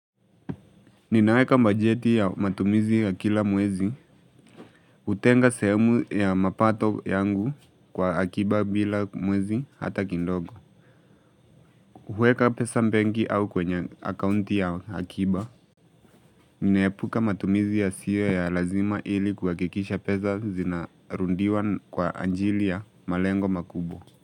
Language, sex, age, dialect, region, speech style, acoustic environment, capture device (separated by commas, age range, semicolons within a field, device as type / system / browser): Swahili, male, 18-24, Kenya - Mombasa, Kenya, relaxed, nature, mobile / Linux / Chrome